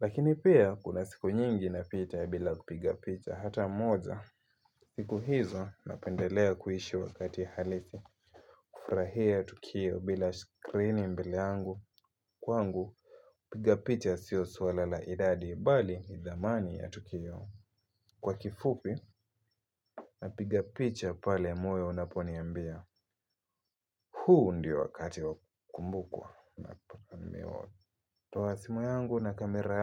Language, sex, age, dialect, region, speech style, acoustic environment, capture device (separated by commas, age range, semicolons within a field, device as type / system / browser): Swahili, male, 18-24, Kenya - Mombasa, Kenya, happy; focused, silence, mobile / Linux / Chrome